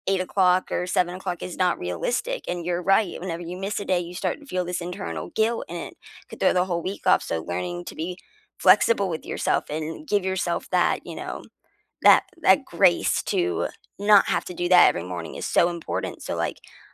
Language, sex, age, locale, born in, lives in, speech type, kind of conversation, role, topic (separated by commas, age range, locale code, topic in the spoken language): English, female, 25-29, en-US, United States, United States, conversation, unstructured, none, What small daily rituals make your mornings better?